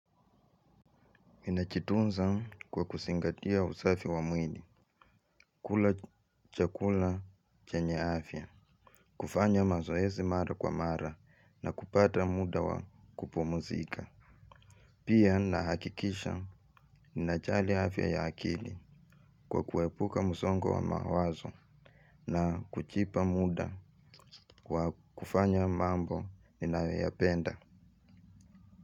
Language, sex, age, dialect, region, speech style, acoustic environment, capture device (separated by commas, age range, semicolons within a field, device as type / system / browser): Swahili, male, 25-34, Kenya - Nairobi Sheng-influenced, Kenya, relaxed, nature, mobile / Linux / Chrome